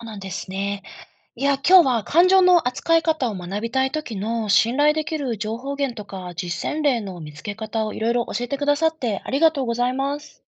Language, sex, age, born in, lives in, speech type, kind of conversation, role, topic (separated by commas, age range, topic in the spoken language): Japanese, female, 35-39, Japan, Japan, read, expert-assistant, asker, 感情の扱い方を学びたい時、信頼できる情報源や実践例はどこで見つけられますか？